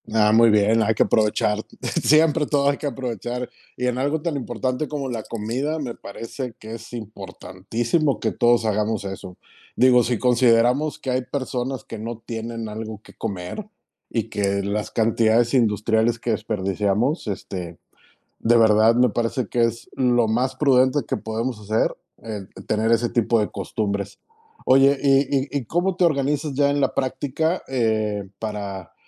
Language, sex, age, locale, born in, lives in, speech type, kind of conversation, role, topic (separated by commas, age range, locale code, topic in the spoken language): Spanish, male, 45-49, es-MX, Mexico, Mexico, conversation, podcast, host, ¿Cómo manejas las sobras para no desperdiciar comida?